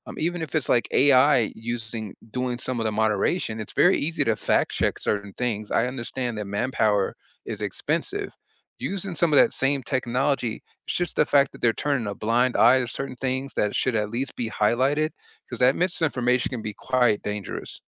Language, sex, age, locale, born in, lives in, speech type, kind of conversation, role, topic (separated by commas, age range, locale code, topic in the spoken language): English, male, 40-44, en-US, United States, United States, conversation, unstructured, none, What do you think about how social media platforms handle fake news?